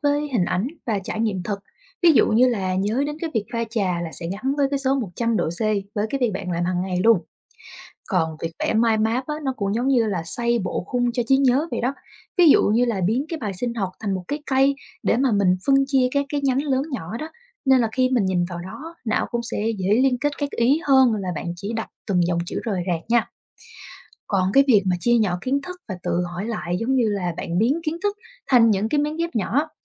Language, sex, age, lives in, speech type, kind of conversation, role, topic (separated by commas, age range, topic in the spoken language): Vietnamese, female, 25-29, Vietnam, read, expert-assistant, expert, Những phương pháp ghi nhớ thông tin lâu dài